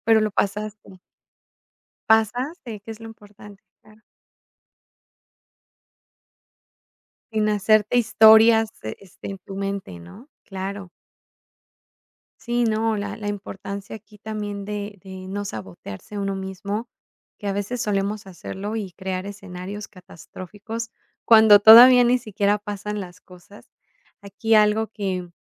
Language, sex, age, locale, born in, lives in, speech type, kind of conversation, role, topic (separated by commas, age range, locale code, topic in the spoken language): Spanish, female, 35-39, es-MX, Mexico, Mexico, conversation, podcast, host, ¿Cómo aprendes de las decisiones que no salieron bien?